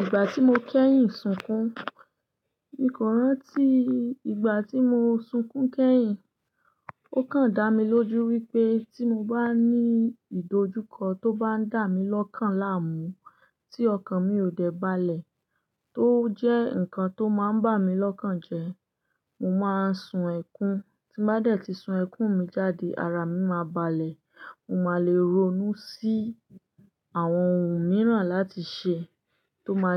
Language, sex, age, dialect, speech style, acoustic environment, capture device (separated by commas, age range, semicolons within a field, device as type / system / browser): Yoruba, female, 25-34, Nigeria - Ibadan, happy, silence, desktop / Linux / Chrome